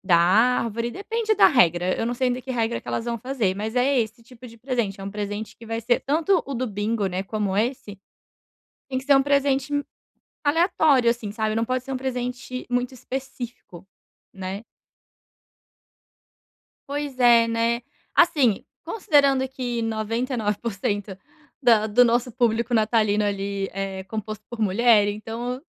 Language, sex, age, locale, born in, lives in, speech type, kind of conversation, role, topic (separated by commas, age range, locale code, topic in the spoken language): Portuguese, female, 30-34, pt-BR, Brazil, Portugal, conversation, advice, user, Como decidir o que comprar quando há muitas opções?